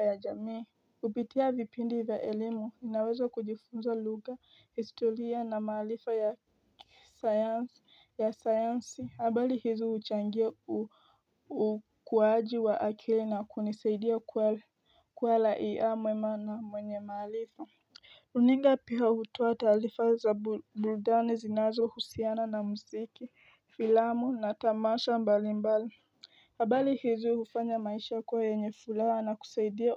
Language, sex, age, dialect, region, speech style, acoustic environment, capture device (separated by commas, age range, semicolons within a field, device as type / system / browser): Swahili, female, 18-24, Kenya - Nairobi Sheng-influenced, Kenya, tired, nature, mobile / Linux / Chrome